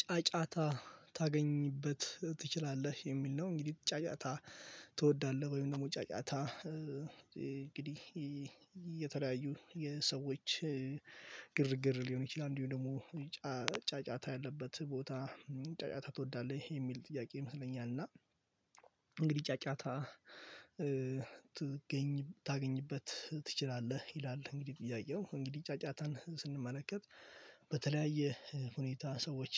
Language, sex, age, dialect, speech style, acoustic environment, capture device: Amharic, male, 18-24, Ethiopia - Addis Ababa, focused, nature, mobile / Linux / Chrome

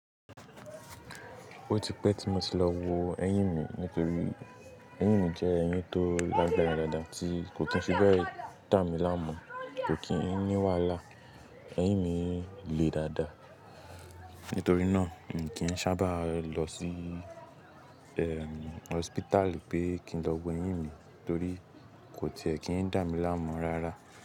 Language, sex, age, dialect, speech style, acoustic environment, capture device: Yoruba, male, 18-24, Nigeria - Lagos Yoruba, relaxed, silence, mobile / Linux / Chrome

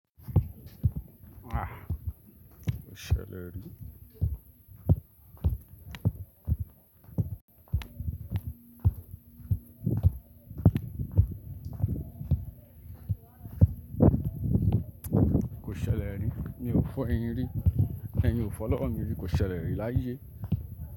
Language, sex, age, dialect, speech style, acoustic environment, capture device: Yoruba, male, 25-34, Nigeria - Lagos Yoruba, relaxed; focused, appliances; nature, desktop / Linux / Chrome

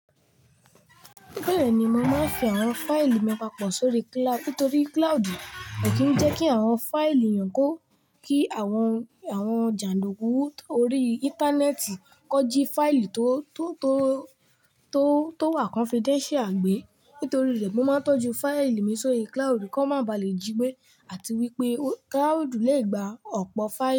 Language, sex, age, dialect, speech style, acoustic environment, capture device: Yoruba, male, 18-24, Nigeria - Lagos Yoruba, relaxed; excited, nature; silence, mobile / Linux / Chrome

